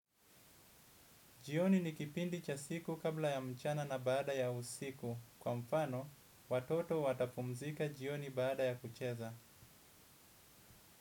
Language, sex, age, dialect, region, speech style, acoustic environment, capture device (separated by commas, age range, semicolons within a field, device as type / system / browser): Swahili, male, 25-34, Kenya - Mombasa, Kenya, anxious; relaxed; excited, silence, desktop / Windows / Edge